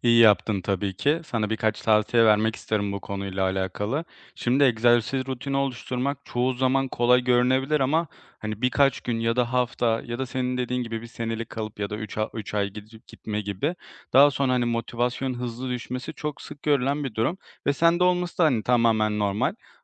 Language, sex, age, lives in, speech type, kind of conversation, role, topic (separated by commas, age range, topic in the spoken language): Turkish, male, 25-29, Poland, conversation, advice, advisor, Egzersiz rutinimi sürdüremiyorum ve motivasyonum çabuk düşüyor; bunu nasıl aşabilirim?